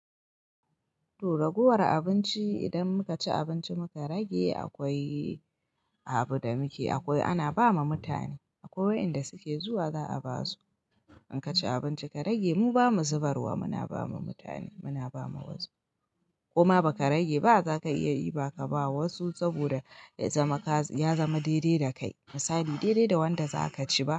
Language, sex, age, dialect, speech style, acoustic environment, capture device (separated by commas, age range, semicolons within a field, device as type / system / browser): Hausa, female, 25-34, Nigeria - Kano, happy, silence; nature, mobile / Linux / Chrome